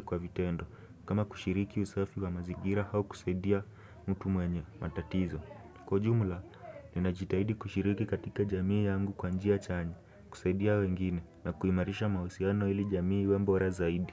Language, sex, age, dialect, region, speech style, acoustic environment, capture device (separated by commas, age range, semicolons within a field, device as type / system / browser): Swahili, male, 18-24, Kenya - Nairobi Sheng-influenced, Kenya, relaxed, silence, desktop / Windows / Chrome